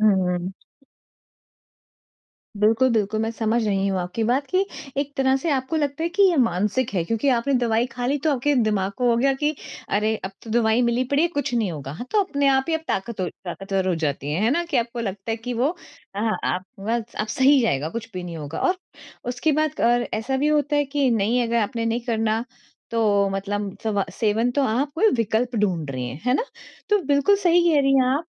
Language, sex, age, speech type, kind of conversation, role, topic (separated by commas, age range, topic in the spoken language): Hindi, female, 40-44, conversation, advice, advisor, मैं यात्रा की चिंता और अनिश्चितता को कैसे कम करूँ?